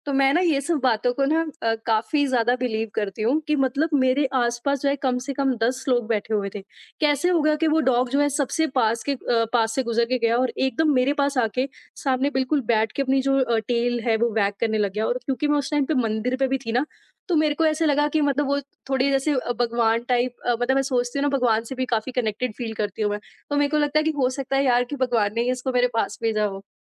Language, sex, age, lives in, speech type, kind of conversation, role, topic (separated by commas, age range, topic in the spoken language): Hindi, female, 25-29, India, conversation, podcast, guest, Kya koi aisa pal tha jab tumne jaan-bujhkar risk lene ka faisla kiya?